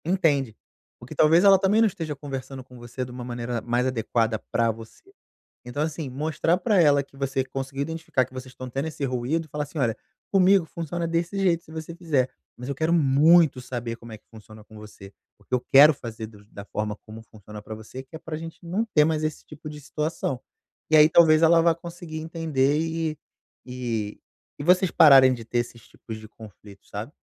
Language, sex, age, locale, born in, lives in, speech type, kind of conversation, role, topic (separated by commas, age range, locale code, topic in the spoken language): Portuguese, male, 35-39, pt-BR, Brazil, Portugal, conversation, advice, advisor, Como lidar com feedbacks e críticas negativas sem perder a confiança profissional?